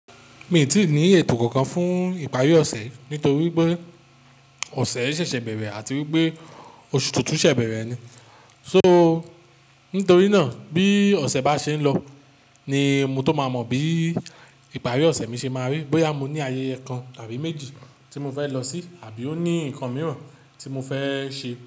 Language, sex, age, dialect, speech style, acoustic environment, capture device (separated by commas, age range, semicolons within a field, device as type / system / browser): Yoruba, male, 35-44, Nigeria - Nigerian Standard, focused, appliances, mobile / Linux / Chrome